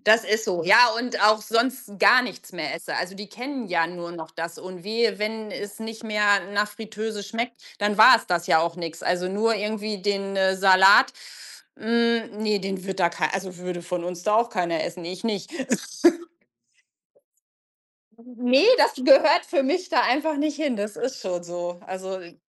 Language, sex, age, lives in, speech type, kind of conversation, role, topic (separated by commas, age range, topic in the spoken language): German, female, 45-49, Germany, conversation, unstructured, none, Wie stehst du zu Fastfood in deinem Leben?